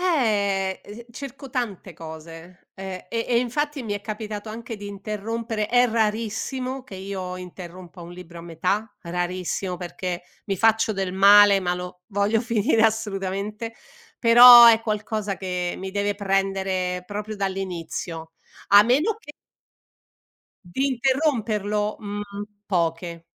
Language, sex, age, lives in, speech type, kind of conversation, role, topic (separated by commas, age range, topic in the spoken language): Italian, female, 60-64, Italy, conversation, podcast, guest, Cosa cerchi in un buon libro?